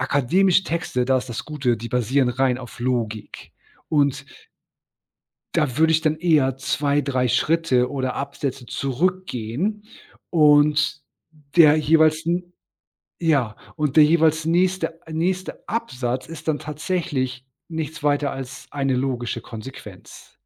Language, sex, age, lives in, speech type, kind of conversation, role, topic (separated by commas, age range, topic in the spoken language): German, male, 40-44, Germany, conversation, podcast, guest, Wie kommst du auf neue Ideen, wenn dir partout nichts einfällt?